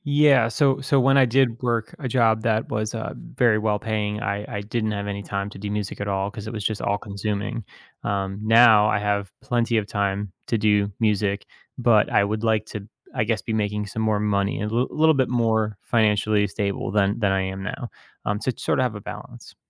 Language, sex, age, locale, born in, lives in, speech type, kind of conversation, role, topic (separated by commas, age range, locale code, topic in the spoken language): English, male, 30-34, en-US, United States, United States, conversation, advice, user, How can I pursue my passion without sacrificing financial stability?